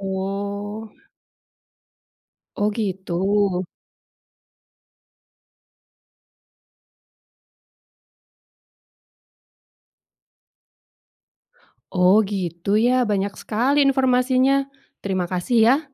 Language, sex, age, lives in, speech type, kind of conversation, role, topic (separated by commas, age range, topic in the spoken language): Indonesian, female, 45-49, Indonesia, read, expert-assistant, asker, Bagaimana Cara Memastikan Keamanan Pangan di Rumah?